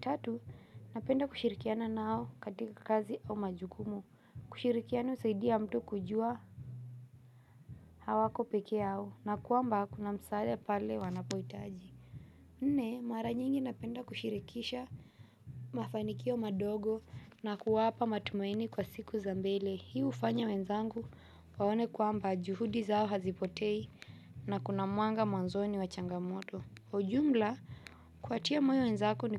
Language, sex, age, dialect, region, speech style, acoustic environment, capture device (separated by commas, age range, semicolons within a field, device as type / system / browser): Swahili, female, 18-24, Kenya - Mombasa, Kenya, relaxed, nature; music, mobile / Linux / Chrome